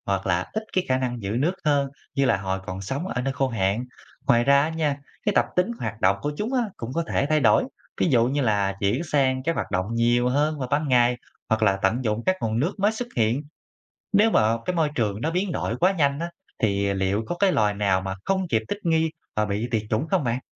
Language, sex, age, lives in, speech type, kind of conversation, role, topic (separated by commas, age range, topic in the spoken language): Vietnamese, male, 25-29, Vietnam, read, expert-assistant, asker, Tiến hóa và các đặc điểm thích nghi của động vật thay đổi như thế nào theo môi trường?